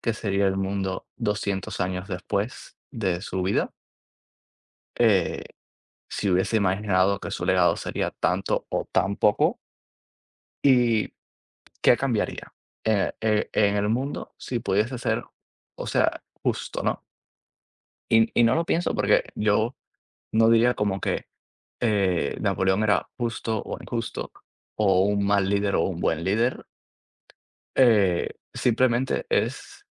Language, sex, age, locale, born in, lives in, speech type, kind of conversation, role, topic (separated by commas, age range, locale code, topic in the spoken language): Spanish, male, 20-24, es-CU, Cuba, United States, conversation, unstructured, none, ¿Qué figura histórica admiras y por qué?